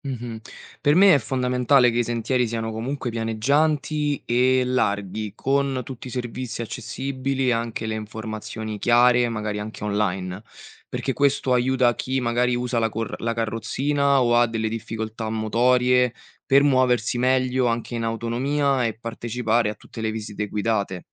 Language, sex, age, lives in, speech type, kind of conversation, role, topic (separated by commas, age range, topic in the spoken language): Italian, male, 25-29, Italy, read, expert-assistant, asker, Quali esperienze geologiche e naturalistiche si possono vivere nei parchi del Nord Italia?